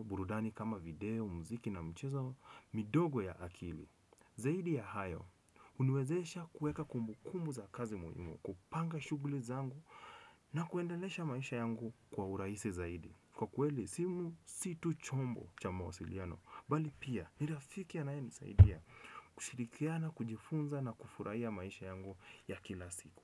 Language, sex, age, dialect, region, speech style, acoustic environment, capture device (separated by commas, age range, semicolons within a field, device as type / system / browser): Swahili, male, 25-34, Kenya - Nairobi Sheng-influenced, Kenya, relaxed, nature, desktop / Windows / Chrome